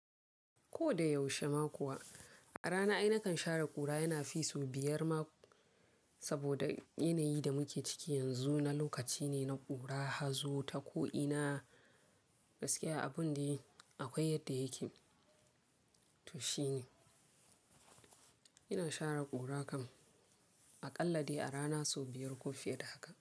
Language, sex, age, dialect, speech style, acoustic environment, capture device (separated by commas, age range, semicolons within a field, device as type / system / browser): Hausa, female, 18-24, Nigeria - Kano, focused, people talking; silence, mobile / Linux / Chrome